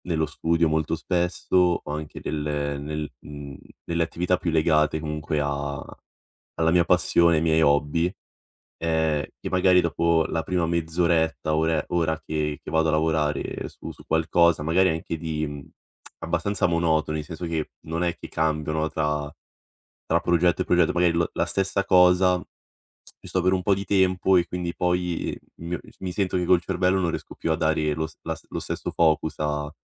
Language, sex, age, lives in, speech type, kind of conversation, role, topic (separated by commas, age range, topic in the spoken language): Italian, male, 18-19, Italy, conversation, advice, user, Cosa posso fare se ultimamente mi sento meno lucido e faccio fatica a concentrarmi?